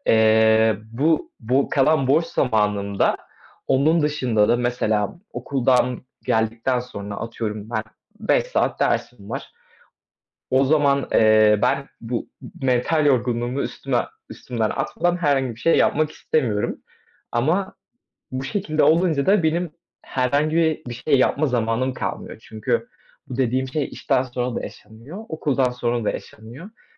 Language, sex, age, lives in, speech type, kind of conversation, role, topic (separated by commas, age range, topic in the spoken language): Turkish, male, 20-24, Poland, conversation, advice, user, Zamanımı önceliklendirmekte neden zorlanıyorum?